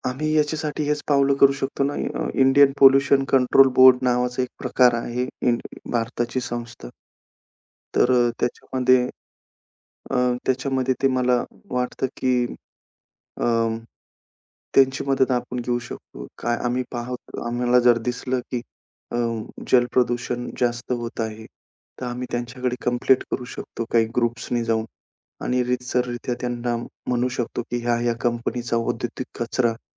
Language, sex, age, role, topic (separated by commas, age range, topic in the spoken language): Marathi, male, 35-39, guest, गावातली किंवा शहरातील नदी स्वच्छ ठेवण्यासाठी कोणते उपाय करता येतील?